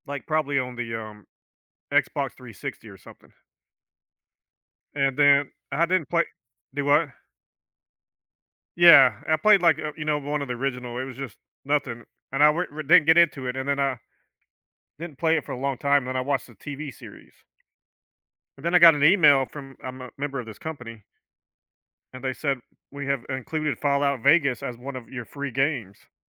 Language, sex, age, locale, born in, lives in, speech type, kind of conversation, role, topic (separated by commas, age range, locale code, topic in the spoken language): English, male, 50-54, en-US, United States, United States, conversation, unstructured, none, Would you rather play video games or board games?